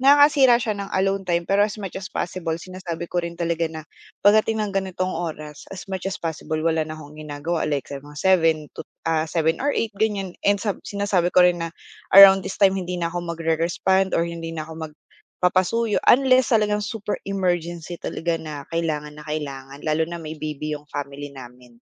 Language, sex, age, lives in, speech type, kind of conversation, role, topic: Filipino, female, 25-29, Philippines, conversation, podcast, guest, Paano mo pinoprotektahan ang oras mo para mag-isa at mag-isip?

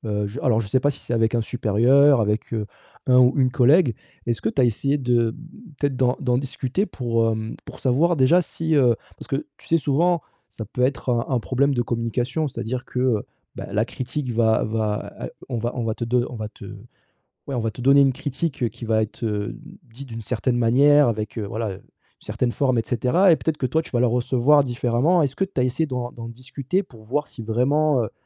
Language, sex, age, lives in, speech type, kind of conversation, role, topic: French, male, 30-34, France, conversation, advice, advisor, Comment recevoir des critiques sans les prendre personnellement ?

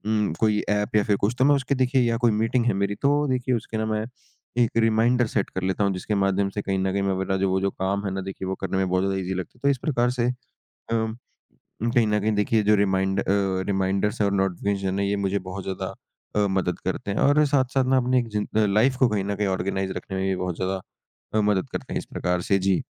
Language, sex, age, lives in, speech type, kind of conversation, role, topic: Hindi, male, 55-59, India, conversation, podcast, guest, आप रिमाइंडर और सूचनाएँ कैसे संभालते हैं?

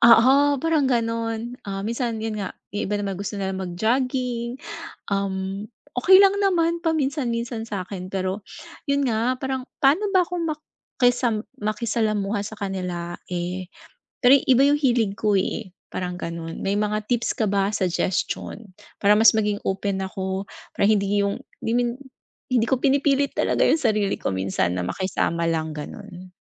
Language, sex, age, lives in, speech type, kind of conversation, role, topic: Filipino, female, 40-44, Philippines, conversation, advice, user, Paano ako makikisalamuha sa grupo kahit iba ang mga hilig nila?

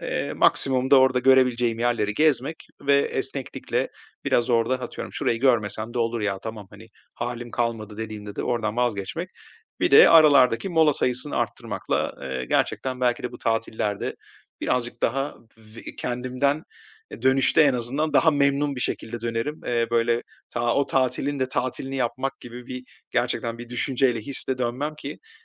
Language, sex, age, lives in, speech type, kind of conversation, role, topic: Turkish, male, 45-49, Spain, conversation, advice, user, Tatilde hem yeterince dinlenip hem de yeni yerler keşfetme dengesini nasıl kurabilirim?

